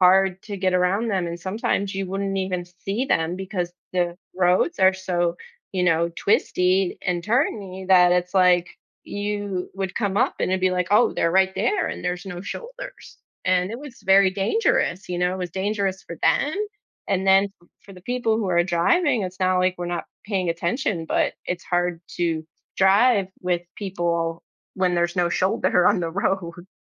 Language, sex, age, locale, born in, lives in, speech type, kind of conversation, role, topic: English, female, 35-39, en-US, United States, United States, conversation, unstructured, none, How do city and country lifestyles shape our daily experiences and well-being?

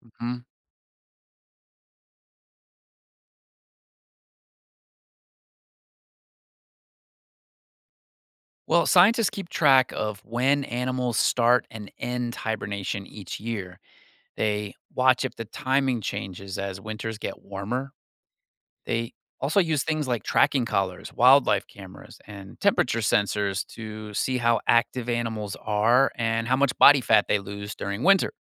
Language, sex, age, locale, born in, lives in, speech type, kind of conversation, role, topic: English, male, 45-49, en-US, United States, United States, read, expert-assistant, expert, How and why do animals hibernate during winter?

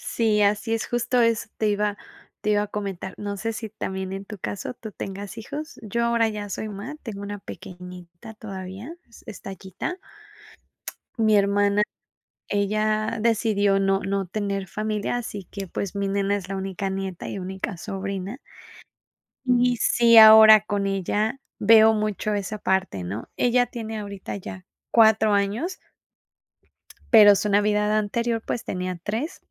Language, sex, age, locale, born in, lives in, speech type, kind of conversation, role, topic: Spanish, female, 35-39, es-MX, Mexico, Mexico, conversation, podcast, guest, ¿Qué tradición familiar significa mucho para ti?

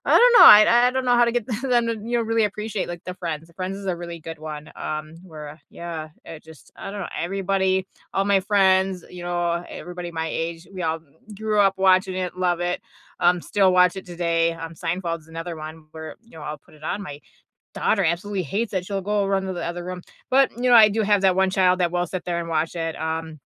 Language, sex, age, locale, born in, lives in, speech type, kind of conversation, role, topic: English, female, 35-39, en-US, United States, United States, conversation, unstructured, none, What was the last thing you binged, and would you recommend it?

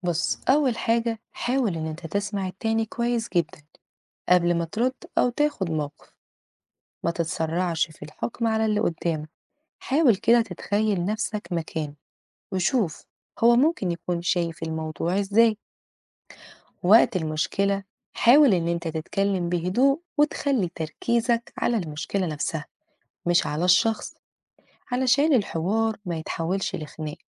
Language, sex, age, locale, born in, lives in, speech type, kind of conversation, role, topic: Arabic, female, 30-34, ar-EG, Egypt, Portugal, read, expert-assistant, expert, كيف يمكن تطوير مهارات حل النزاعات؟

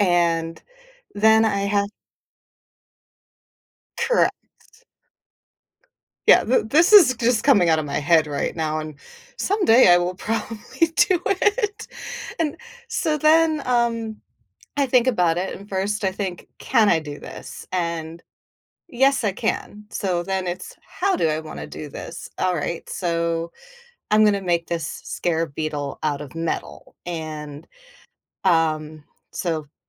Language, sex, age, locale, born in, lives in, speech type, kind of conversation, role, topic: English, female, 40-44, en-US, United States, United States, conversation, podcast, guest, What helps you find and sustain motivation when beginning something creative?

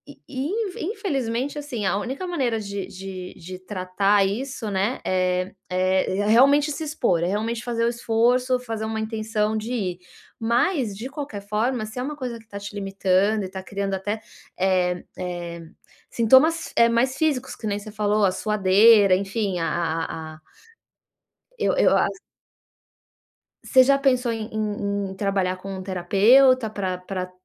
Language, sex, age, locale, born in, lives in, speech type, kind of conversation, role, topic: Portuguese, female, 40-44, pt-BR, Brazil, United States, conversation, advice, advisor, Como posso lidar com a ansiedade em festas e comemorações?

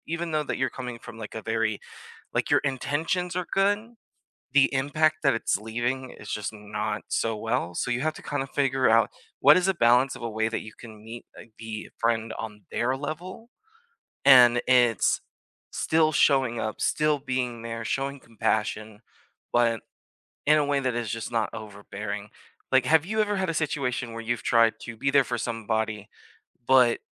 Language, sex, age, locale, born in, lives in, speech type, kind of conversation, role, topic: English, male, 25-29, en-US, United States, United States, conversation, unstructured, none, How can you show up better for your friends?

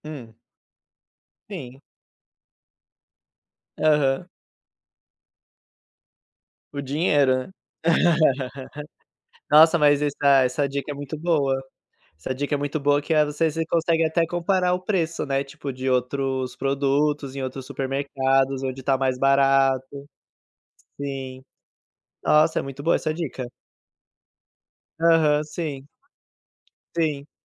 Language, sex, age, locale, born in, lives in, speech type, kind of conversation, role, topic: Portuguese, male, 25-29, pt-BR, Brazil, Portugal, conversation, unstructured, none, Como você se sente quando consegue guardar dinheiro?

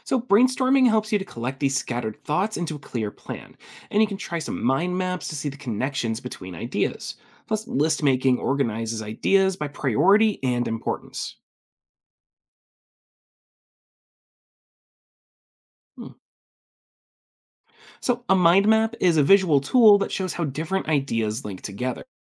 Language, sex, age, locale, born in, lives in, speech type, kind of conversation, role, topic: English, male, 25-29, en-US, United States, United States, read, expert-assistant, expert, What techniques can be used to enhance creative writing?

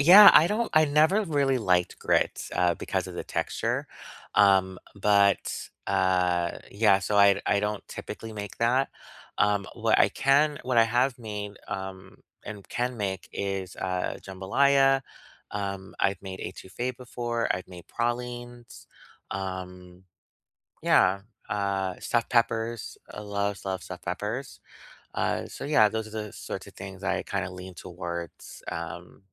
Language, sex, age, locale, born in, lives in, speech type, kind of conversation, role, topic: English, male, 35-39, en-US, United States, United States, conversation, unstructured, none, What is the story behind your favorite comfort food, and why does it matter to your memories, identity, and relationships?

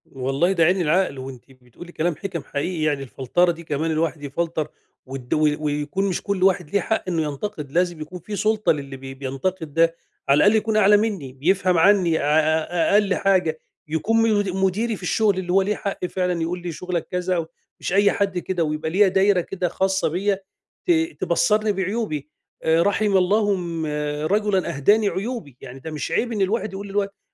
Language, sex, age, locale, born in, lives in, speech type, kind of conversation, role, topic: Arabic, male, 50-54, ar-EG, Egypt, Egypt, conversation, advice, user, إزاي أتعامل مع النقد المتكرر من غير ما يأثر عليّا؟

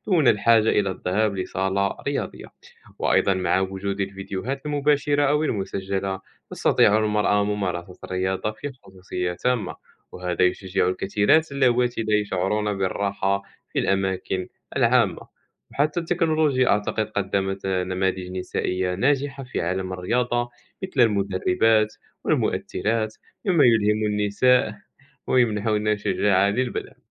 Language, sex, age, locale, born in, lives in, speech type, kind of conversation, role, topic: Arabic, male, 20-24, ar-MA, Morocco, France, conversation, unstructured, none, إزاي التكنولوجيا بتساعد في تشجيع مشاركة الستات في الرياضة؟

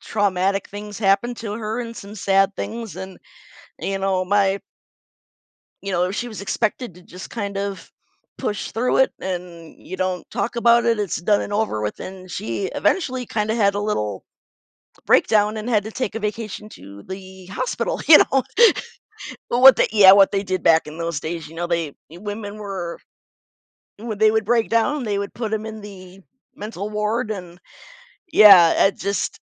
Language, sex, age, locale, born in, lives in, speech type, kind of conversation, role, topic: English, female, 45-49, en-US, United States, United States, conversation, podcast, guest, How can sharing memories of those we've lost help us heal and stay connected?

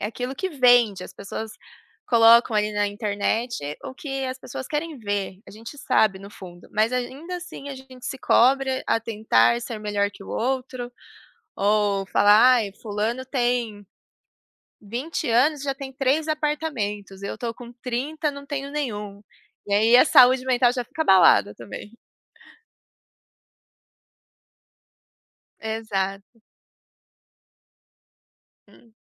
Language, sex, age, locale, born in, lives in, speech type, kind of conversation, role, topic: Portuguese, female, 25-29, pt-PT, Brazil, Germany, conversation, unstructured, none, É possível convencer alguém a mudar de opinião sobre saúde mental?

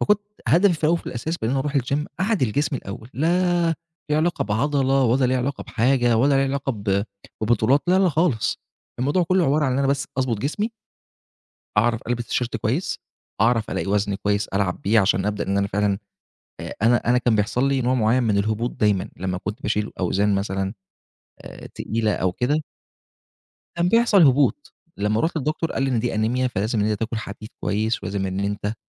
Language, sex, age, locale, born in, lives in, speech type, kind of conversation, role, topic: Arabic, male, 25-29, ar-EG, Egypt, Egypt, conversation, advice, user, إزاي بتتعامل مع إحساس الخجل أو التوتر وإنت في الجيم؟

